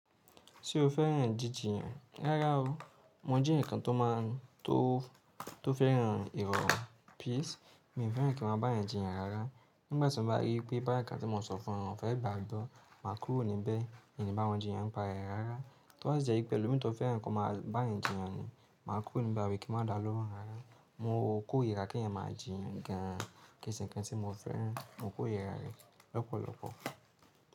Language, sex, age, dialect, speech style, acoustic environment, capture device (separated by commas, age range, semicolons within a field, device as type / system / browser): Yoruba, male, 18-24, Nigeria - Nigerian Standard, happy, silence, mobile / Linux / Chrome